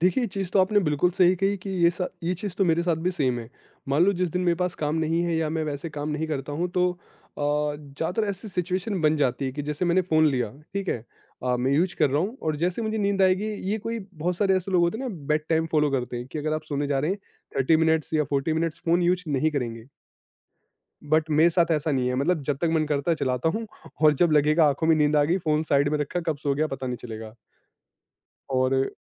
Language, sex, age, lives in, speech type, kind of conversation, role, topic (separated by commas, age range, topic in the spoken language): Hindi, male, 25-29, India, conversation, podcast, guest, Neend behtar karne ke liye aap kaunsa routine follow karte hain?